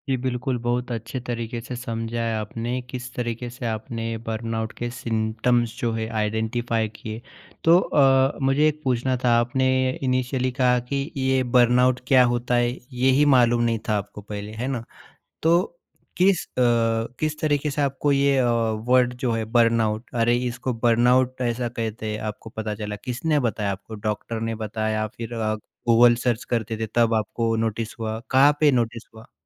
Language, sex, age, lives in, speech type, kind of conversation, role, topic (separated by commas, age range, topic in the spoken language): Hindi, male, 30-34, India, conversation, podcast, host, Kabhi aapne burnout mehsoos kiya hai, aur usse kaise ubhre?